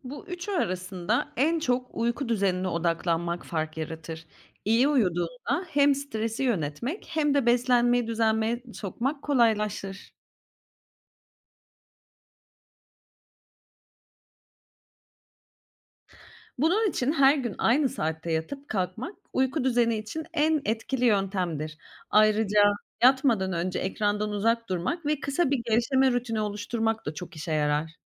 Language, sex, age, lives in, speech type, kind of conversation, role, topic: Turkish, female, 35-39, Greece, read, expert-assistant, expert, Günlük yaşamda stres, uyku ve beslenme arasında zihinsel sağlık açısından hangisine öncelik vermek gerekir?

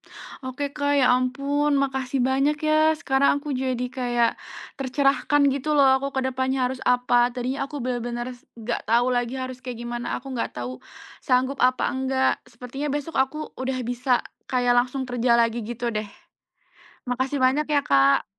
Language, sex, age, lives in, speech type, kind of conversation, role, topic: Indonesian, female, 25-29, Indonesia, conversation, advice, user, Bagaimana cara mengatasi rasa takut kembali bekerja setelah cuti karena khawatir kelelahan kambuh?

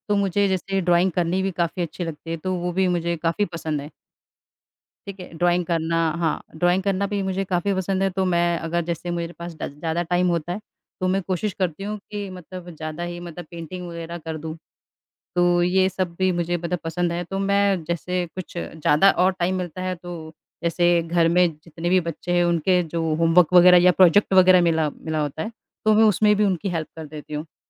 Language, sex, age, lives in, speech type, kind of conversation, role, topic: Hindi, female, 35-39, India, conversation, unstructured, none, आपको अपने काम में सबसे ज़्यादा क्या पसंद है?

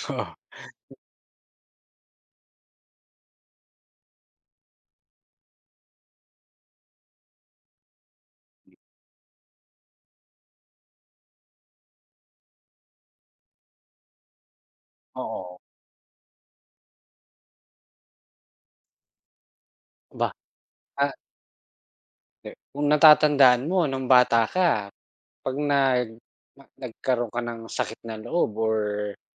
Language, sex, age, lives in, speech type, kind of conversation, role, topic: Filipino, male, 40-44, Philippines, conversation, unstructured, none, Paano mo nilalampasan ang mga malulungkot na araw sa buhay?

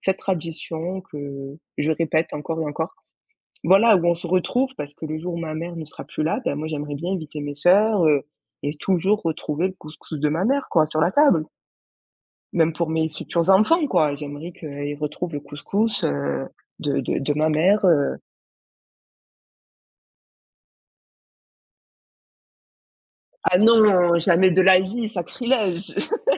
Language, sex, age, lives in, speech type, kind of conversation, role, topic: French, female, 25-29, France, conversation, podcast, guest, As-tu une tradition culinaire dans ta famille ?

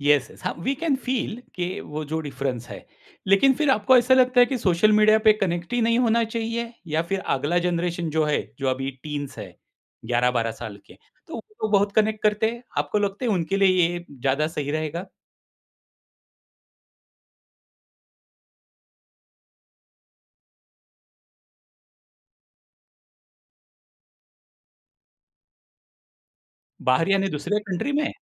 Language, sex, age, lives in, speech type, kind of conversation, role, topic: Hindi, male, 50-54, India, conversation, podcast, host, Kya tumhe lagta hai ki samajik madhyam se sachchi bonding ho sakti hai?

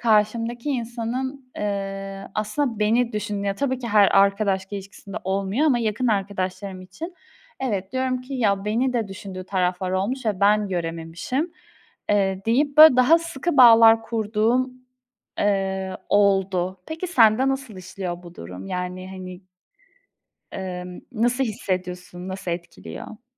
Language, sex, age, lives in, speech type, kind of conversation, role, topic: Turkish, female, 20-24, Poland, conversation, unstructured, none, Birlikte geleceği planlamak sana ne hissettiriyor?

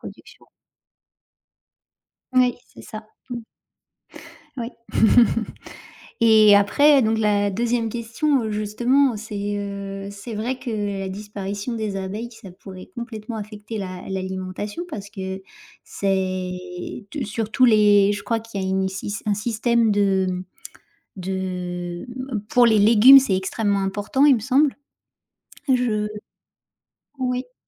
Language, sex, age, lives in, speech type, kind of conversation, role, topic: French, female, 35-39, France, conversation, unstructured, none, Pourquoi les abeilles sont-elles essentielles à la nature ?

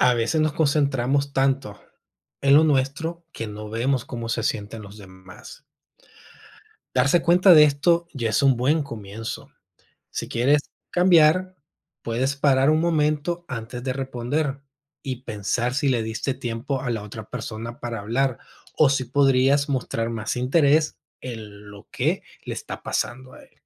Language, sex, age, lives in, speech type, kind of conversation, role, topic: Spanish, male, 30-34, United States, read, expert-assistant, expert, ¿Por qué es importante la empatía en las relaciones humanas?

